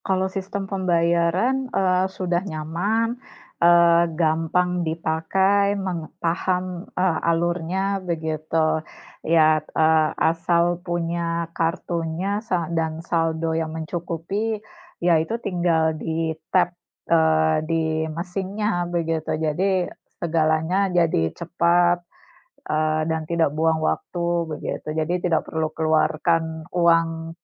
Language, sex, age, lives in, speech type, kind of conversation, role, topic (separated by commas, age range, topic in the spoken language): Indonesian, female, 40-44, Indonesia, conversation, unstructured, none, Apa yang paling membuatmu kesal saat menggunakan transportasi umum?